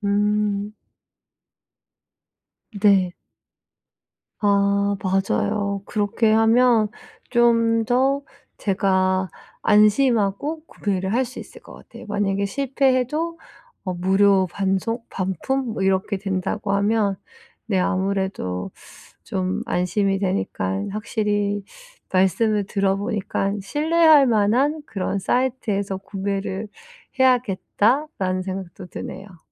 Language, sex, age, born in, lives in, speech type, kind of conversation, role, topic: Korean, female, 40-44, South Korea, United States, conversation, advice, user, 온라인 쇼핑에서 믿을 만한 제품을 어떻게 찾을 수 있을까요?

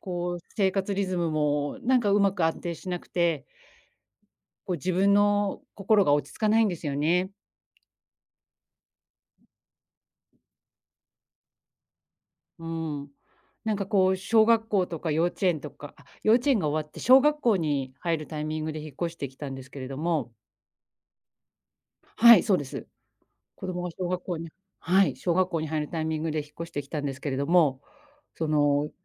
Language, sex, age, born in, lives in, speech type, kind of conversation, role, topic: Japanese, female, 45-49, Japan, Japan, conversation, advice, user, 引越しや転職を機に生活リズムを作り直すにはどうすればよいですか？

